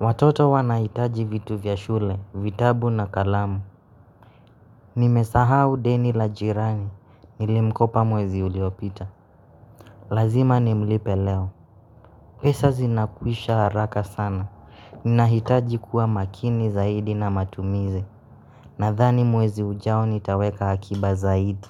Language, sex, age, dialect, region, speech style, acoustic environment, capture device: Swahili, male, 25-34, Kenya - Nairobi Sheng-influenced, Kenya, excited, nature, mobile / Linux / Chrome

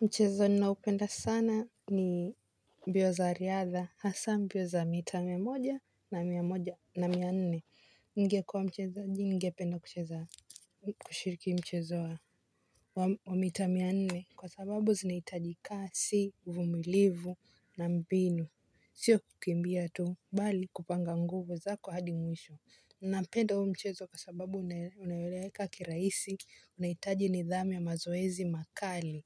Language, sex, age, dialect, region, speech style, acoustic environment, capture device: Swahili, female, 18-24, Kenya - Mombasa, Kenya, happy, silence, mobile / Linux / Chrome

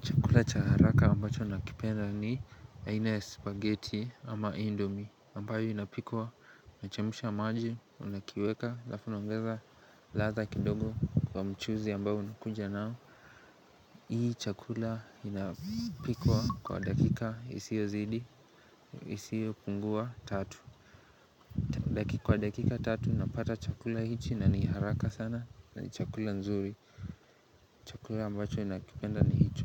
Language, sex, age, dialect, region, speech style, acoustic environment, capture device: Swahili, male, 18-24, Kenya - Nairobi Sheng-influenced, Kenya, relaxed, nature, mobile / Linux / Chrome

